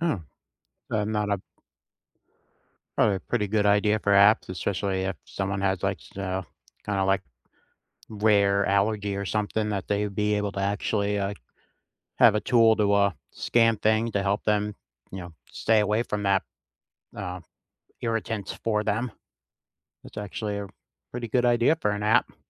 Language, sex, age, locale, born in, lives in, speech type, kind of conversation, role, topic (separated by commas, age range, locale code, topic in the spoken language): English, male, 40-44, en-US, United States, United States, conversation, unstructured, none, What tool or app has genuinely boosted your productivity?